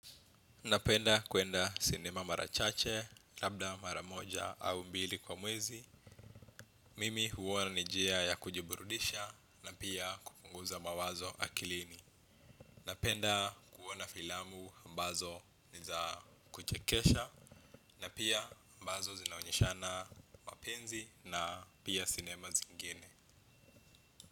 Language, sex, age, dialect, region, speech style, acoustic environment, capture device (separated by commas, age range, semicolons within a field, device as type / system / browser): Swahili, male, 25-34, Kenya - Nairobi Sheng-influenced, Kenya, focused, appliances, desktop / Windows / Chrome